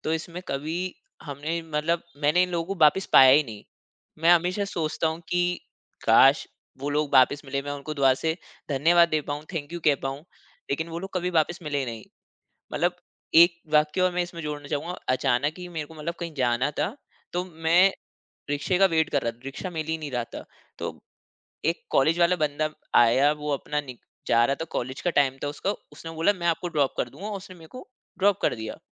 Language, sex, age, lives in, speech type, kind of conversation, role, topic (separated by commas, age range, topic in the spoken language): Hindi, male, 25-29, India, conversation, podcast, guest, Kabhi kisi anjaan insaan ki meherbani se tumhe kya seekh mili?